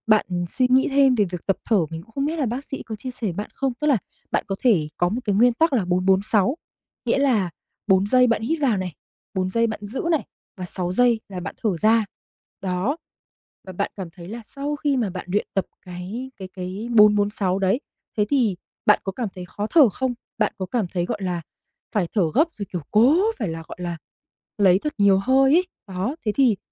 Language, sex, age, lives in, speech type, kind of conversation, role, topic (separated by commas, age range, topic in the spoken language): Vietnamese, female, 25-29, Vietnam, conversation, advice, advisor, Bạn có thường lo lắng quá mức về sức khỏe khi chỉ gặp những triệu chứng nhỏ không?